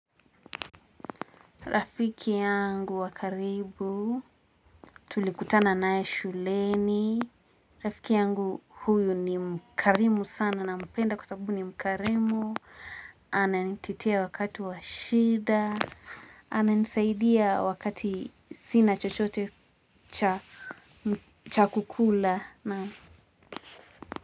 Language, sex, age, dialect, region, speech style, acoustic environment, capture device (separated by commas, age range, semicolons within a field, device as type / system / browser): Swahili, female, 18-24, Kenya - Nairobi Sheng-influenced, Kenya, happy, people talking; music; nature, mobile / Linux / Chrome